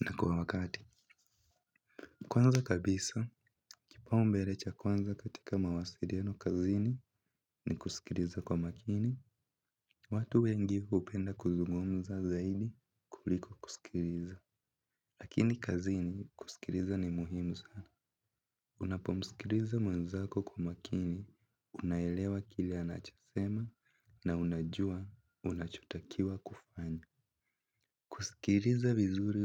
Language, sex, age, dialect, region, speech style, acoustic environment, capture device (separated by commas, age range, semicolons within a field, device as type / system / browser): Swahili, male, 18-24, Kenya - Nairobi Sheng-influenced, Kenya, focused; relaxed, music; appliances, mobile / Linux / Chrome